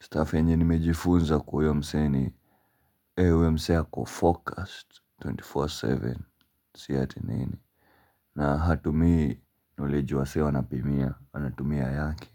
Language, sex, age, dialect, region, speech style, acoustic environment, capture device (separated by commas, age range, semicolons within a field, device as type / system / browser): Swahili, male, 18-24, Kenya - Nairobi Sheng-influenced, Kenya, relaxed, music; appliances; people talking, mobile / Linux / Chrome